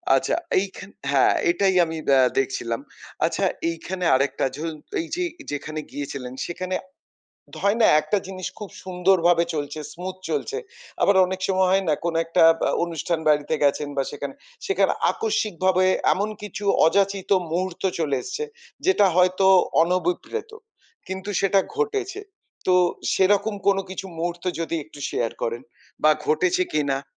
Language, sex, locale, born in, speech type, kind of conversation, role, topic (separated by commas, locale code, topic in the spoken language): Bengali, male, bn-IN, India, conversation, podcast, host, কেউ কি কখনও তোমাকে নিজের বাড়িতে অতিথি করে ডেকেছিল?